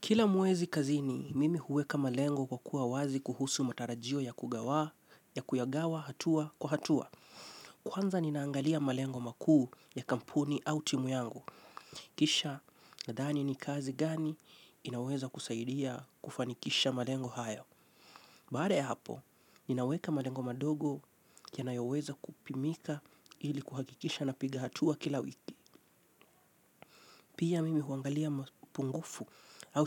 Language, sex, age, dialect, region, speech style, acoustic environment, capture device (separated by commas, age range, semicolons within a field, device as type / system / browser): Swahili, male, 25-34, Kenya - Mombasa, Kenya, relaxed; stressed, silence, mobile / Linux / Chrome